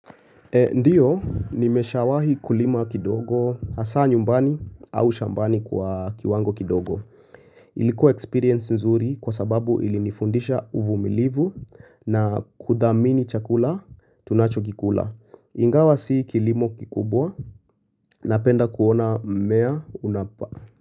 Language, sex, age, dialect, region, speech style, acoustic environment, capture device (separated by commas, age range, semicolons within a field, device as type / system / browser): Swahili, male, 25-34, Kenya - Nairobi Sheng-influenced, Kenya, relaxed; focused; nervous; anxious; tired, silence, mobile / Linux / Chrome